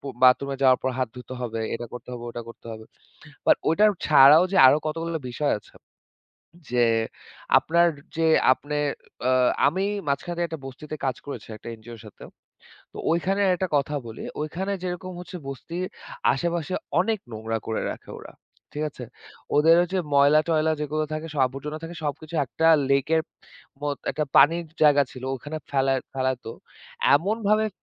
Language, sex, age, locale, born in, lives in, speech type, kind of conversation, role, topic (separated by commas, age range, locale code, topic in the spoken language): Bengali, male, 25-29, bn-BD, Bangladesh, Bangladesh, conversation, unstructured, none, স্বেচ্ছাসেবী কাজ সমাজকে কীভাবে বদলে দেয়?